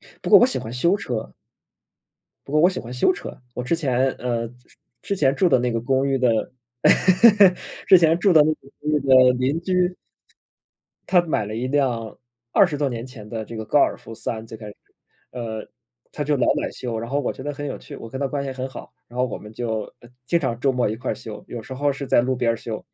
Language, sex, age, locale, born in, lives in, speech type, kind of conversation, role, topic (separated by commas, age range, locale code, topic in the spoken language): Chinese, male, 30-34, zh-CN, China, Germany, conversation, unstructured, none, 你觉得培养兴趣爱好对生活有什么帮助？